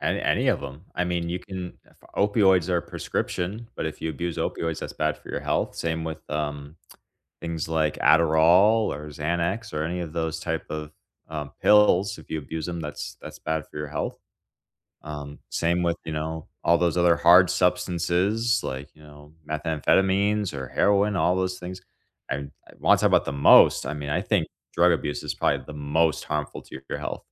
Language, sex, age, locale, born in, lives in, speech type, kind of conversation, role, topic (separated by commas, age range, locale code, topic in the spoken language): English, male, 25-29, en-US, United States, United States, conversation, unstructured, none, What habits harm our physical health the most?